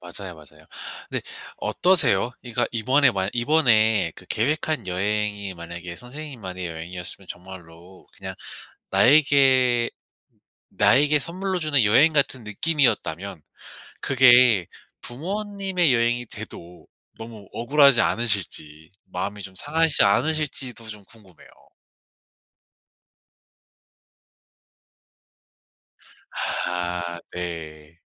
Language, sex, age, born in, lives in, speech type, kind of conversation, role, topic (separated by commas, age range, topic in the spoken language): Korean, male, 25-29, South Korea, South Korea, conversation, advice, advisor, 어떻게 하면 여행 중 예상치 못한 문제에 잘 대처할 수 있을까요?